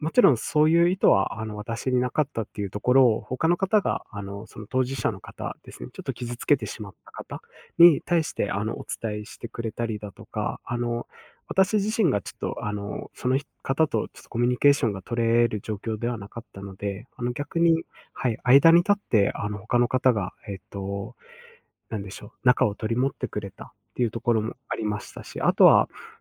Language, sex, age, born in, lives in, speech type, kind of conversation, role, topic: Japanese, male, 25-29, Japan, Japan, conversation, podcast, guest, 挫折からどうやって立ち直りましたか？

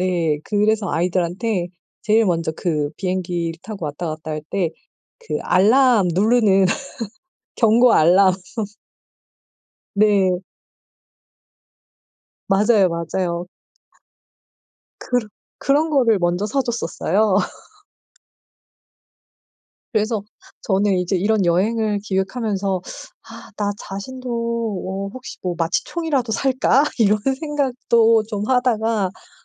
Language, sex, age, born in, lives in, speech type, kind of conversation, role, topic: Korean, female, 40-44, South Korea, South Korea, conversation, advice, user, 낯선 곳에서 여행할 때 안전을 어떻게 지킬 수 있을까요?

